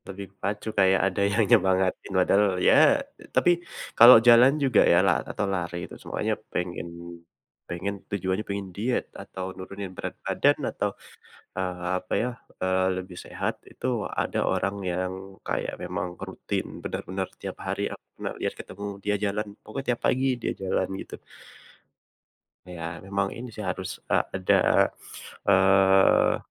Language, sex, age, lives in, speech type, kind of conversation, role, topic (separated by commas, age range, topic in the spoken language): Indonesian, male, 25-29, Indonesia, conversation, unstructured, none, Apa yang kamu rasakan saat berhasil mencapai target olahraga?